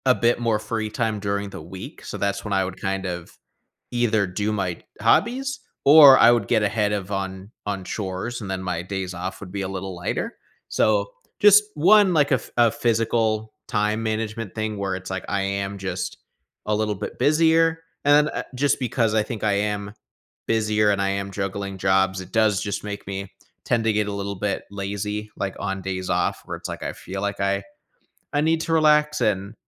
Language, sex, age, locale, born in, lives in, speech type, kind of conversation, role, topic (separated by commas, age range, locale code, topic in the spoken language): English, male, 25-29, en-US, United States, United States, conversation, advice, user, How can I add more adventure to my life?